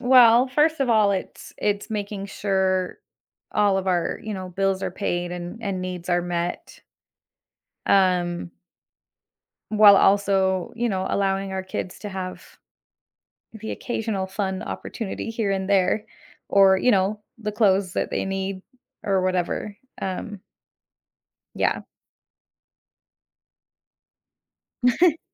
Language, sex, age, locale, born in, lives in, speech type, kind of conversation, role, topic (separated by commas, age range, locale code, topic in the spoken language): English, female, 35-39, en-US, United States, United States, conversation, advice, user, How can I lower my monthly expenses without missing out on things I enjoy?